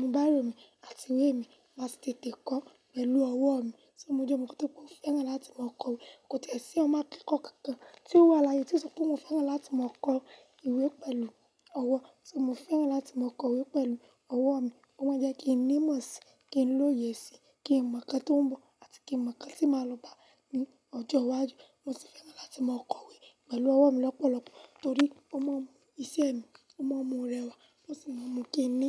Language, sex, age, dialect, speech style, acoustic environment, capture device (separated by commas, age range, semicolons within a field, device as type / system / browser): Yoruba, female, 18-24, Nigeria - Lagos Yoruba, nervous, silence, mobile / Linux / Chrome